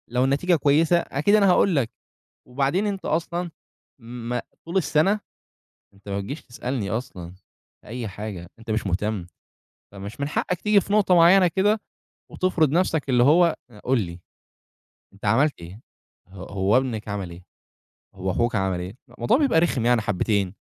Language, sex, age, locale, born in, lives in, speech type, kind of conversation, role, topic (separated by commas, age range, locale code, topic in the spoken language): Arabic, male, 20-24, ar-EG, Egypt, Egypt, conversation, podcast, guest, إزاي بتتعاملوا مع تدخل الأقارب في شؤونكم؟